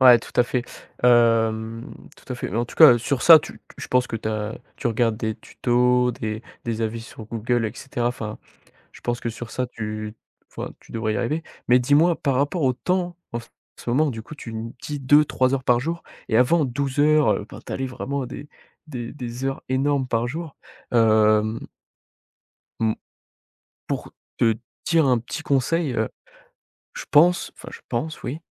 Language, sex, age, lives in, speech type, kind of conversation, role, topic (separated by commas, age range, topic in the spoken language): French, male, 18-19, France, conversation, advice, advisor, Comment gérer la peur d’échouer après avoir beaucoup investi personnellement dans un projet ?